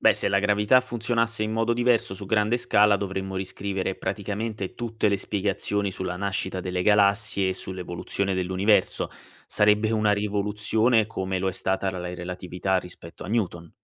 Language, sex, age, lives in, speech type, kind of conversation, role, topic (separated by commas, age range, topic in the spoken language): Italian, male, 25-29, Italy, read, expert-assistant, expert, Cosa ha portato alla nascita dell’universo così come lo conosciamo oggi?